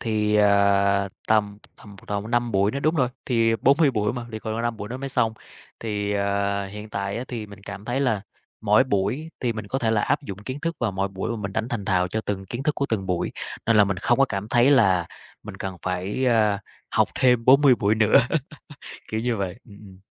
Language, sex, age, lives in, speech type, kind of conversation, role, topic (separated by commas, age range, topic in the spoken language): Vietnamese, male, 30-34, Vietnam, conversation, podcast, guest, Thầy/cô nào ảnh hưởng nhiều nhất đến cách bạn học, và ảnh hưởng đó như thế nào?